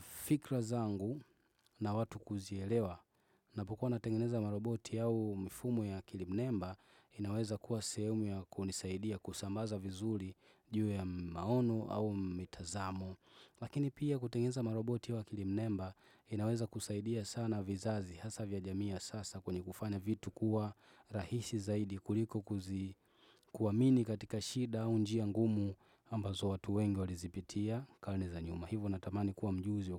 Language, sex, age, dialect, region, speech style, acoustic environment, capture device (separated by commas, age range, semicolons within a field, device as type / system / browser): Swahili, male, 25-34, Tanzania - Dar es Salaam, Tanzania, relaxed, nature, desktop / Windows / Chrome